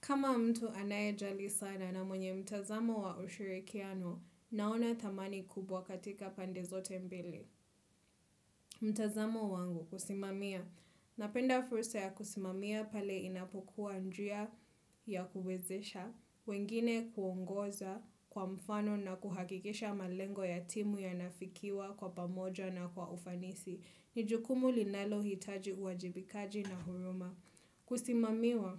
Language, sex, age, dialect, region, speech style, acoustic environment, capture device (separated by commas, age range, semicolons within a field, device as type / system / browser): Swahili, female, 25-34, Kenya - Mombasa, Kenya, happy; relaxed; focused, people talking; nature; silence; music; appliances, desktop / Windows / Chrome